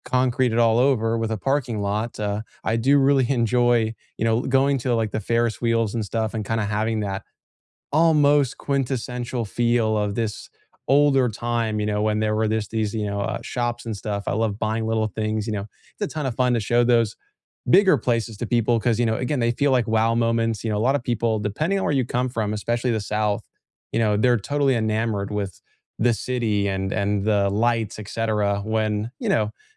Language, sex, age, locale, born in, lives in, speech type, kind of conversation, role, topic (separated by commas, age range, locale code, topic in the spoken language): English, male, 20-24, en-US, United States, United States, conversation, unstructured, none, When friends visit, what local spots do you show first?